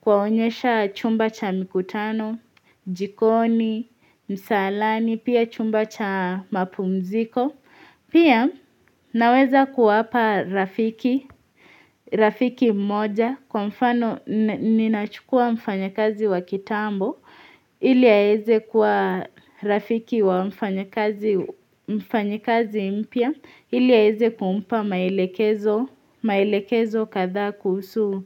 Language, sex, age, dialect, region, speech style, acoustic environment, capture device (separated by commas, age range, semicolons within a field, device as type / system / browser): Swahili, female, 18-24, Kenya - Mombasa, Kenya, relaxed, silence, mobile / Linux / Chrome